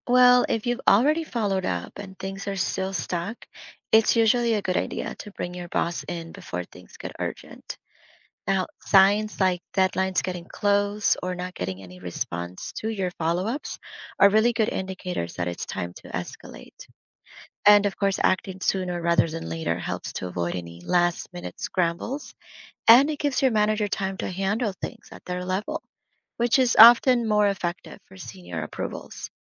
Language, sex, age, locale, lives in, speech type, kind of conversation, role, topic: English, female, 30-34, en-US, United States, read, expert-assistant, expert, How can slow government bureaucracy be effectively bypassed?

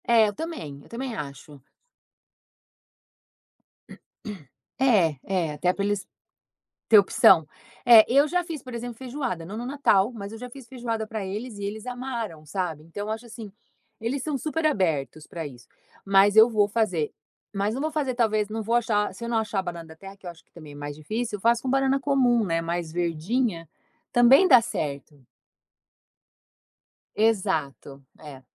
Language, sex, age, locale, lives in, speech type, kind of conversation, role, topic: Portuguese, female, 50-54, pt-BR, United States, conversation, podcast, guest, Qual é a sua lembrança mais marcante de uma ceia?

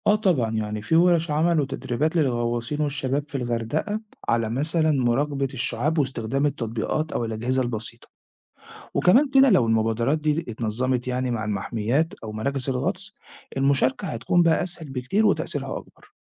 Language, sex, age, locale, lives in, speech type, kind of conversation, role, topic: Arabic, male, 40-44, ar-EG, Italy, read, expert-assistant, expert, إزاي نحمي الشعاب المرجانية في البحر الأحمر من التغير المناخي؟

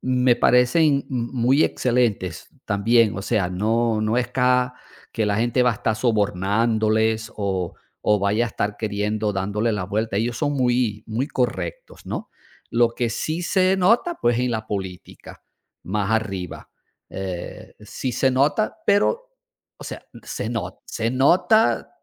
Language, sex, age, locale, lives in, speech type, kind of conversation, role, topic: Spanish, male, 55-59, es-VE, Portugal, conversation, unstructured, none, ¿Crees que la corrupción afecta mucho a nuestro país?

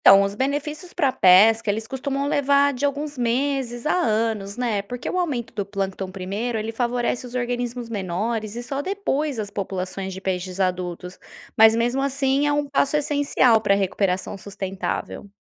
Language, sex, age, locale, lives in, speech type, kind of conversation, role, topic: Portuguese, female, 30-34, pt-BR, Spain, read, expert-assistant, expert, É mais importante salvar espécies grandes, como baleias, ou pequenas, como plâncton, para manter o equilíbrio do mar?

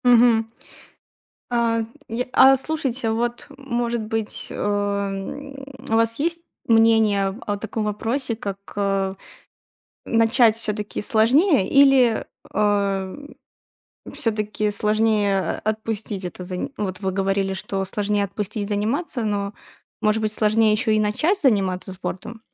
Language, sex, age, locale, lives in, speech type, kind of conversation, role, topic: Russian, female, 20-24, ru-RU, Estonia, conversation, podcast, host, Какие простые упражнения вы регулярно делаете дома?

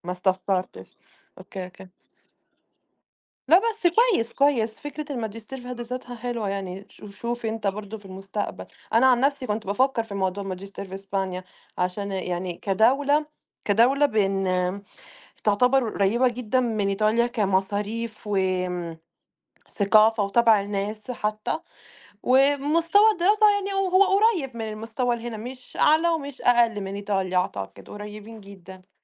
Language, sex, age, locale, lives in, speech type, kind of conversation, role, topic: Arabic, female, 20-24, ar-EG, Italy, conversation, unstructured, none, إزاي العيد بيجمع العيلة والأصحاب مع بعض؟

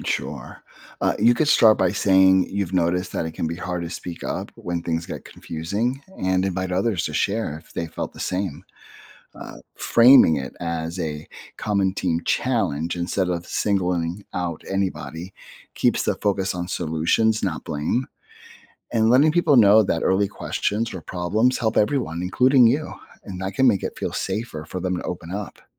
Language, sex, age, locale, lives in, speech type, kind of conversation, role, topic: English, male, 50-54, en-US, United States, read, expert-assistant, expert, What role does honesty play in building trust?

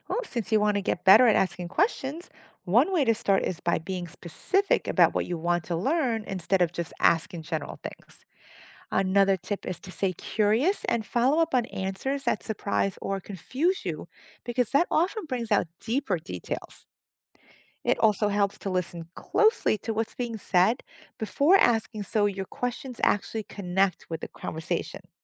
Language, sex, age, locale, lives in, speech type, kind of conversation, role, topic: English, female, 50-54, en-US, United States, read, expert-assistant, expert, Why is it important to ask questions?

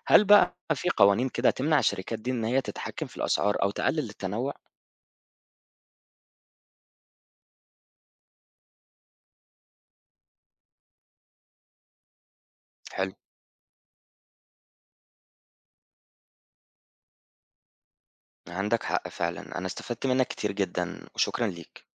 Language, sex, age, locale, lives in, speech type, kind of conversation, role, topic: Arabic, male, 25-29, ar-EG, Egypt, read, expert-assistant, asker, تخيل لو الأكل كله بقى بيتصنع في المعامل بدل الزراعة التقليدية، تفتكر ده هيأثر إزاي على أمان الأكل وصحة الناس؟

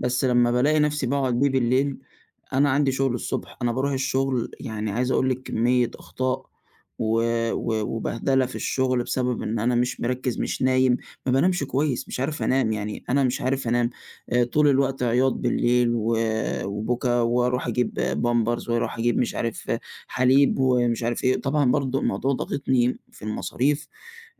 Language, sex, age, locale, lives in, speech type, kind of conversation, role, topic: Arabic, male, 20-24, ar-EG, Egypt, conversation, advice, user, إزاي ولادة طفلك غيّرت روتين حياتك وعلاقتك باللي حواليك؟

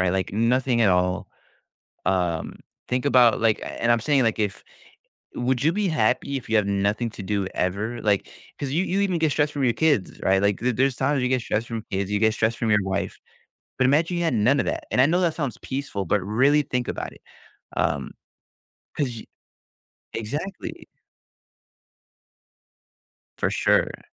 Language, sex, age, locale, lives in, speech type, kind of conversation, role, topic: English, male, 20-24, en-US, United States, conversation, advice, advisor, How can I manage stress while balancing work and family?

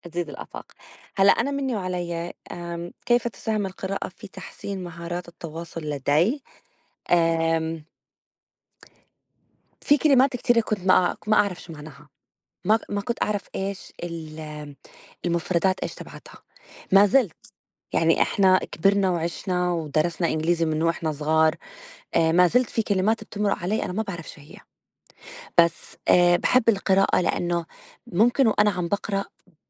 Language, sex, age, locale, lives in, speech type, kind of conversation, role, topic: Arabic, female, 35-39, ar-JO, United States, conversation, unstructured, none, إزاي القراية بتأثر على نموّك الشخصي؟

